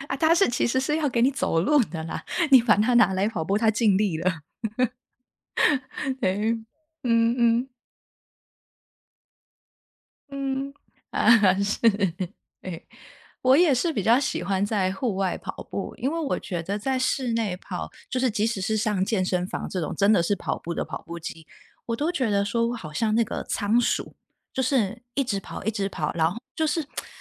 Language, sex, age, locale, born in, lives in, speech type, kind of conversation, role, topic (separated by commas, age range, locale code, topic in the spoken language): Chinese, female, 30-34, zh-TW, Taiwan, United States, conversation, unstructured, none, 你平时最喜欢什么运动？为什么？